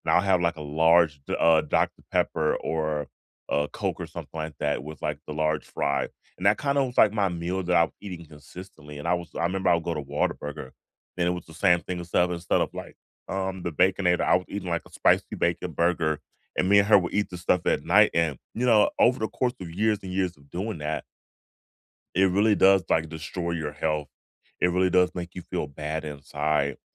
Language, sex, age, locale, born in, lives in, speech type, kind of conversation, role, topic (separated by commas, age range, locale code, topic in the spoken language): English, male, 35-39, en-US, United States, United States, conversation, unstructured, none, What little daily rituals make your mornings feel right?